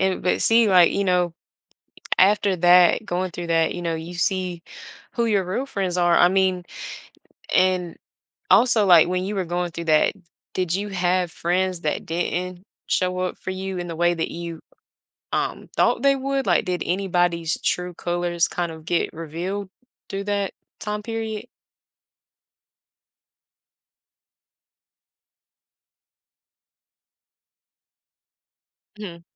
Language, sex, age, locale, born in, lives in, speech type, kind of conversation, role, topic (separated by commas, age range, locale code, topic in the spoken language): English, female, 20-24, en-US, United States, United States, conversation, podcast, host, How have strong friendships shaped who you are and how you navigate life?